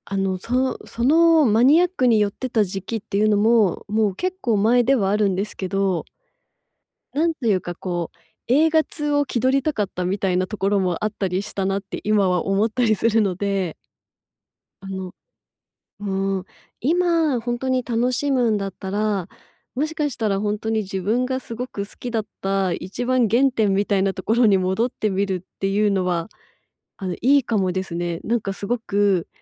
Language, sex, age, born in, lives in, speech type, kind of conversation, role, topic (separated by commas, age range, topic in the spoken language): Japanese, female, 35-39, Japan, Japan, conversation, advice, user, 娯楽に興味が湧かないときはどうすればいいですか？